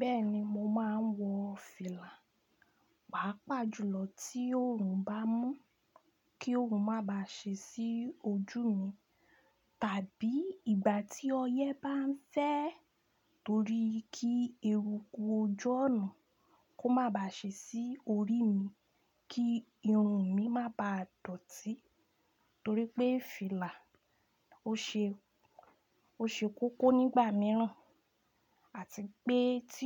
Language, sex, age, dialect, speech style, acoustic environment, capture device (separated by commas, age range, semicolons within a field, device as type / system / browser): Yoruba, female, 25-34, Nigeria - Ibadan, happy, silence, desktop / Linux / Chrome